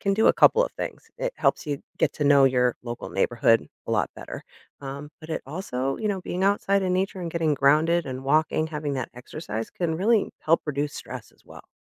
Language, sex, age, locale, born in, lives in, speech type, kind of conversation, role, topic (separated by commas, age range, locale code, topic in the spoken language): English, female, 40-44, en-US, United States, United States, conversation, advice, advisor, How do I settle in and build meaningful connections after moving to a new city?